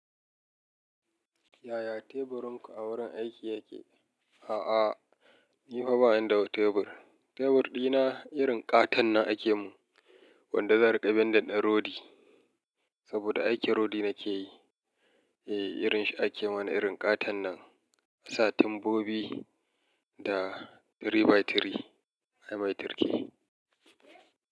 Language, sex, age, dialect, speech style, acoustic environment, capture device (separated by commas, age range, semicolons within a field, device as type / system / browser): Hausa, male, 35-44, Nigeria - Kano, happy; relaxed; excited, nature, mobile / Linux / Chrome